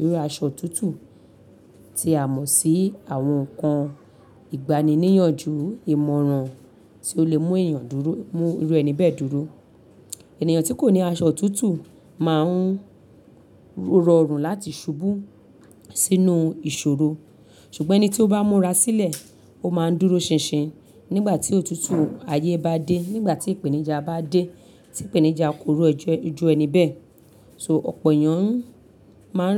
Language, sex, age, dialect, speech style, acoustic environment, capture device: Yoruba, female, 35-44, Nigeria - Lagos Yoruba, happy; focused, silence, mobile / Linux / Chrome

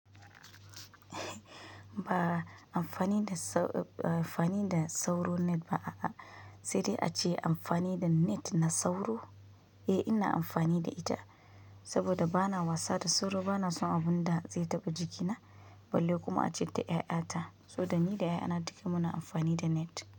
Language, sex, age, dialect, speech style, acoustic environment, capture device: Hausa, female, 25-34, Nigeria - Kano, focused, nature, mobile / Linux / Chrome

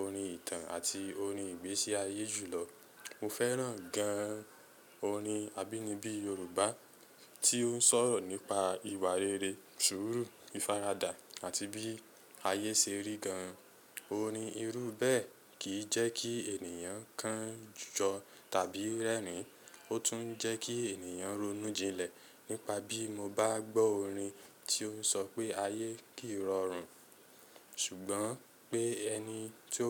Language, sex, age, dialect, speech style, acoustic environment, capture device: Yoruba, male, 25-34, Nigeria - Lagos Yoruba, excited, silence, mobile / Linux / Chrome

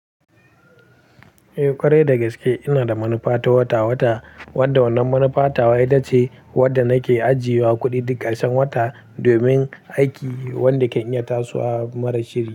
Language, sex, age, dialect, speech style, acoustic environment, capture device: Hausa, male, 18-24, Nigeria - Kano, happy, silence, mobile / Linux / Chrome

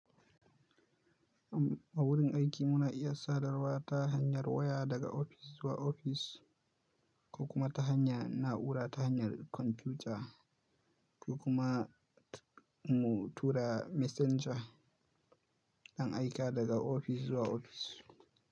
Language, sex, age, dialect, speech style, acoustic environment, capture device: Hausa, male, 18-24, Nigeria - Kano, focused, nature; silence, mobile / Linux / Chrome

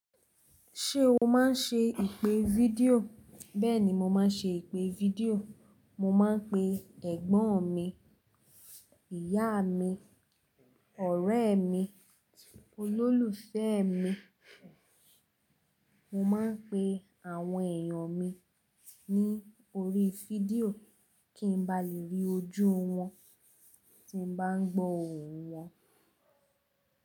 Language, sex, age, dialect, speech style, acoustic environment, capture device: Yoruba, female, 18-24, Nigeria - Lagos Yoruba, relaxed; focused, people talking; nature, mobile / Linux / Chrome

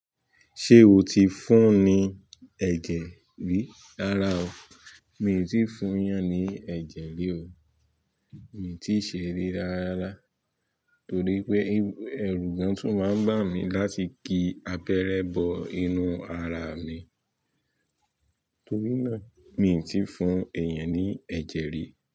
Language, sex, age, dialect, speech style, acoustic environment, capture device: Yoruba, male, 25-34, Nigeria - Nigerian Standard, tired, people talking; music; nature; traffic, mobile / Linux / Chrome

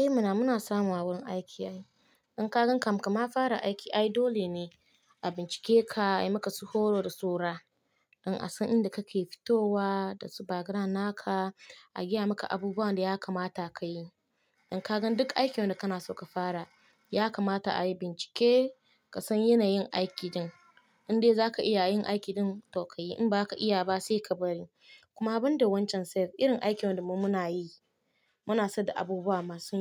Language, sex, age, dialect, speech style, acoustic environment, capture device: Hausa, female, 25-34, Nigeria - Nigerian Standard, relaxed; happy; focused; excited, nature; silence, mobile / Linux / Chrome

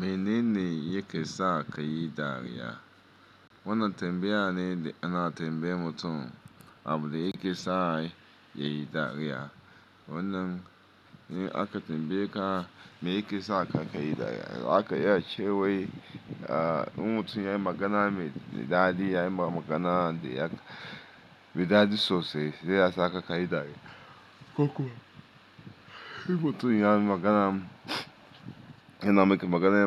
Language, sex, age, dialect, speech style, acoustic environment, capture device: Hausa, male, 35-44, Nigeria - Lagos Yoruba, focused, appliances, mobile / Linux / Chrome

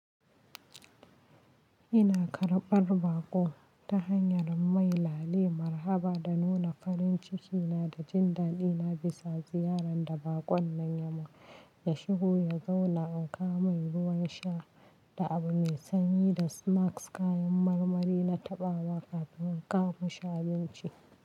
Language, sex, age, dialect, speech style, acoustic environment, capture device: Hausa, female, 25-34, Nigeria - Kano, relaxed, nature, mobile / Linux / Chrome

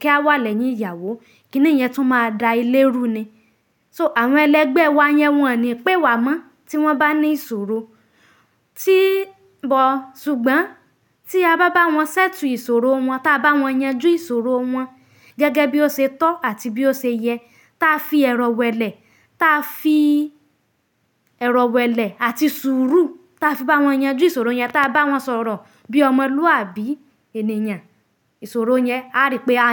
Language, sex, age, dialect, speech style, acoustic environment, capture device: Yoruba, female, 25-34, Nigeria - Nigerian Standard, happy, silence, mobile / Linux / Chrome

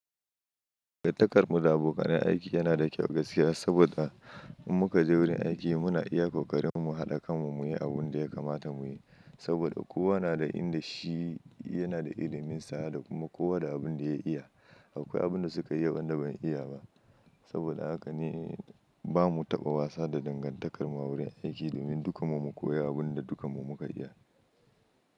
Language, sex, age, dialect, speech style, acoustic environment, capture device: Hausa, male, 25-34, Nigeria - Kano, happy; anxious, nature; silence, mobile / Linux / Chrome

